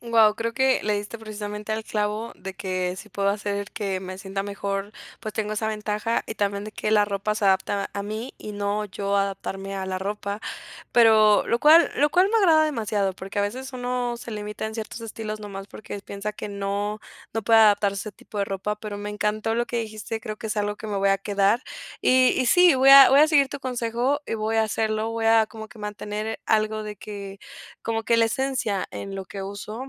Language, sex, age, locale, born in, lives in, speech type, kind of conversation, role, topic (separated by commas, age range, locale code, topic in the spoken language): Spanish, female, 20-24, es-MX, Mexico, Mexico, conversation, advice, user, ¿Cómo puedo elegir la talla y el ajuste correctos para la ropa?